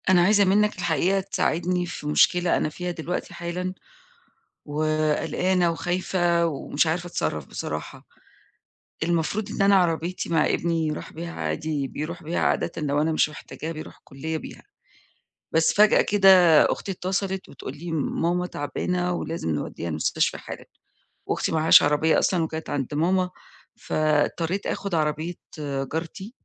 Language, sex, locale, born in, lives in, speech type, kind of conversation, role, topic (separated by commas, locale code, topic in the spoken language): Arabic, female, ar-EG, Egypt, Egypt, conversation, advice, user, إزاي أعتذر بصدق وأصلّح اللي حصل بعد ما غلطت وحاسس بالذنب؟